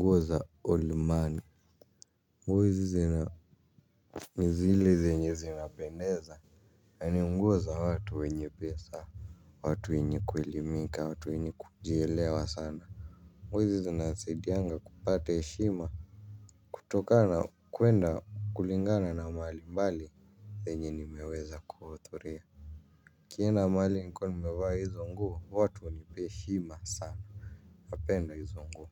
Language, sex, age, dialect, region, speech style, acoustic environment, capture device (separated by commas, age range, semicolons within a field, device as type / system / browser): Swahili, male, 18-24, Kenya - Nairobi Sheng-influenced, Kenya, happy, people talking, mobile / Linux / Chrome